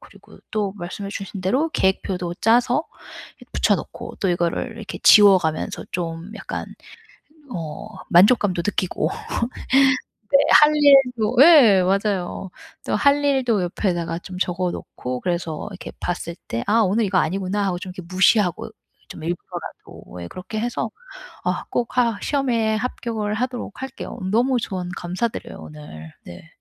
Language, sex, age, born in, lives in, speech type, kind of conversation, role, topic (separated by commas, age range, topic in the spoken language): Korean, female, 40-44, United States, United States, conversation, advice, user, 공부할 때 산만함을 이기고 유혹을 관리하며 집중력을 오래 유지하려면 어떻게 해야 하나요?